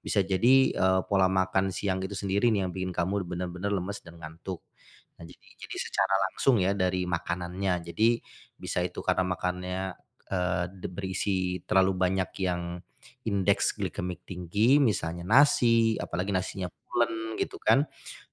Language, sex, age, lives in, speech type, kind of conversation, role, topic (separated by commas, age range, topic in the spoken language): Indonesian, male, 40-44, Indonesia, conversation, advice, advisor, Mengapa saya sering merasa lelah meski sudah tidur cukup?